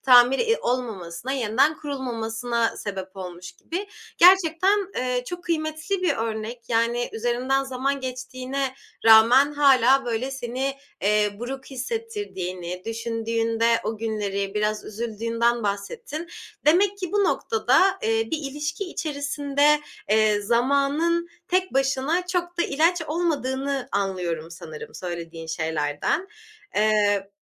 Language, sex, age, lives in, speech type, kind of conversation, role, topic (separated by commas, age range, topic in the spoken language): Turkish, female, 25-29, Germany, conversation, podcast, host, Güven kırıldığında, güveni yeniden kurmada zaman mı yoksa davranış mı daha önemlidir?